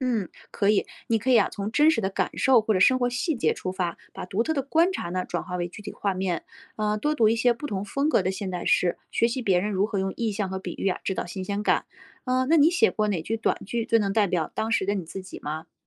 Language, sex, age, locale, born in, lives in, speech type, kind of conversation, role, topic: Chinese, female, 35-39, zh-CN, China, United States, read, expert-assistant, expert, 什么是“现代诗”？它和古诗有什么主要区别？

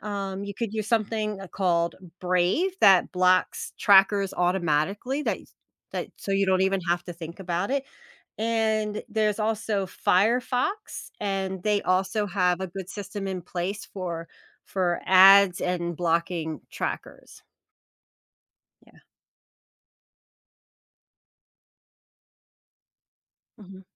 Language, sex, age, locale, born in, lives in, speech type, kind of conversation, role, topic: English, female, 45-49, en-US, United States, United States, conversation, advice, advisor, What practical steps can I take to stop websites and apps from tracking my personal data online?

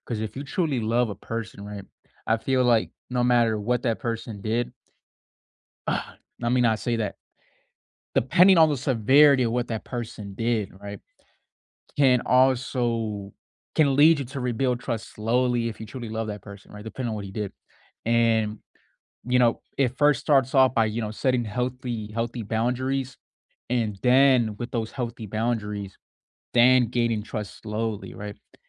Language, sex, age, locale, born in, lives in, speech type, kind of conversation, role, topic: English, male, 20-24, en-US, United States, United States, conversation, unstructured, none, How can you rebuild trust and set healthy boundaries to strengthen your connection?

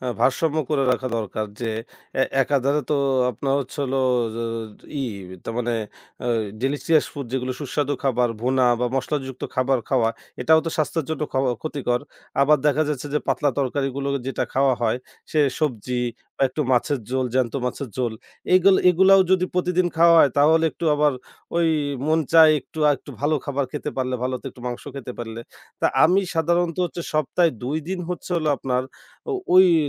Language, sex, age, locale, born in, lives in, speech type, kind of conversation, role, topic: Bengali, male, 25-29, bn-BD, Bangladesh, Bangladesh, conversation, podcast, guest, সপ্তাহের খাবারের পরিকল্পনা আপনি কীভাবে করেন?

